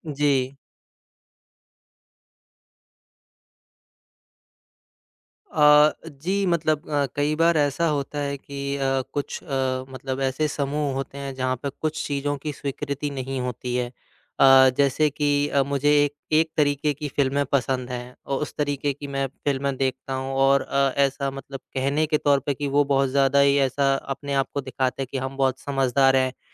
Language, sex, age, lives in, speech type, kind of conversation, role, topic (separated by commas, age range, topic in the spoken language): Hindi, male, 25-29, India, conversation, advice, user, मैं सामाजिक समूहों में अपने असली रूप को कैसे स्वाभाविक रूप से स्वीकार्य बना सकता/सकती हूँ?